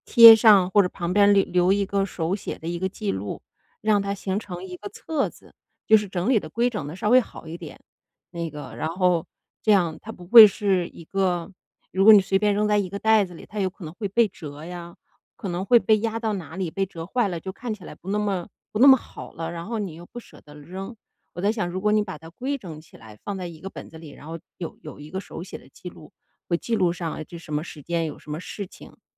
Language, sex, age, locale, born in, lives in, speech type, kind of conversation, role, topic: Chinese, female, 40-44, zh-CN, China, United States, conversation, advice, advisor, 我该如何处理自己对旧物的情感依附？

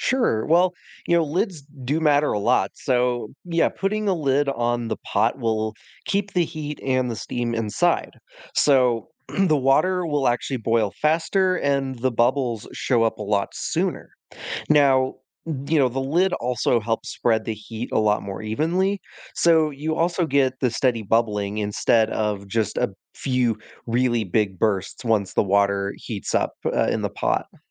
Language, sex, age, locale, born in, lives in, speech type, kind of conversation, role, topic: English, male, 20-24, en-US, United States, United States, read, expert-assistant, expert, Why do bubbles form in boiling water?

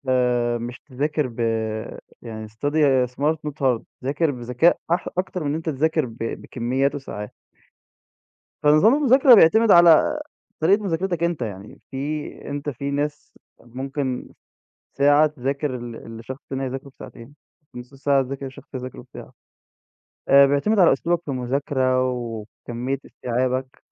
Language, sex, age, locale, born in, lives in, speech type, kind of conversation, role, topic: Arabic, male, 20-24, ar-EG, Egypt, Egypt, conversation, podcast, guest, إزاي بتوازن بين الدراسة وحياتك اليومية؟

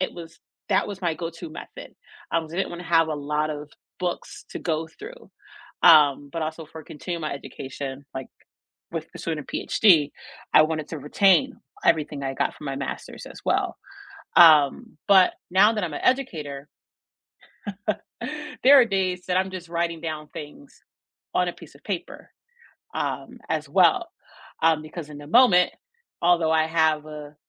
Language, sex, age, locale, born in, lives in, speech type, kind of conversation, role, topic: English, female, 35-39, en-US, United States, United States, conversation, unstructured, none, Paper notes vs. digital note-taking apps: what helps you remember better, and what tips can you share with each other?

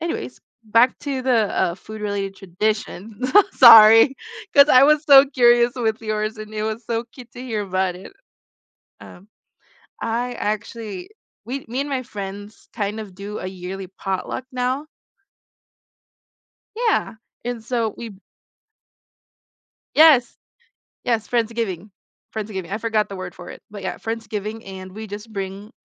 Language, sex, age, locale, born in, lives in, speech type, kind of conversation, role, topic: English, female, 20-24, en-US, Philippines, United States, conversation, unstructured, none, How do I start a food tradition with friends that will stick?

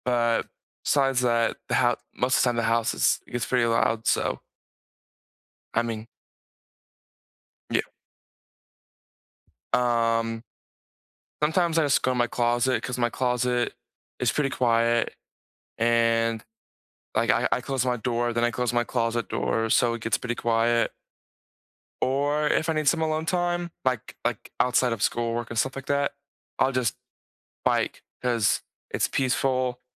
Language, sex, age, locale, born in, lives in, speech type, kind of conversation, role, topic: English, male, 18-19, en-US, United States, United States, conversation, unstructured, none, How can you balance personal space and shared time to strengthen your connection?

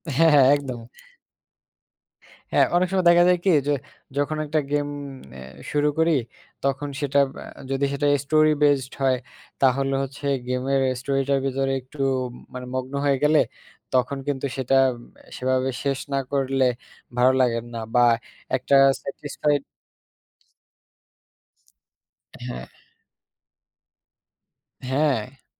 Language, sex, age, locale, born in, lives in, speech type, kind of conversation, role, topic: Bengali, male, 20-24, bn-BD, Bangladesh, Bangladesh, conversation, unstructured, none, ভিডিও গেম কি আমাদের দৈনন্দিন মানসিক চাপ কমাতে সাহায্য করে?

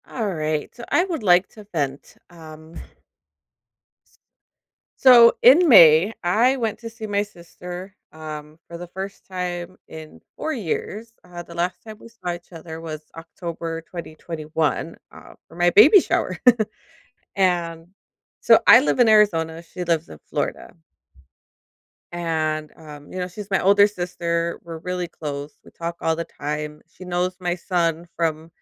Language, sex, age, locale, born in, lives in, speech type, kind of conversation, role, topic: English, female, 35-39, en-US, United States, United States, conversation, advice, user, How can I find peace after a stressful event?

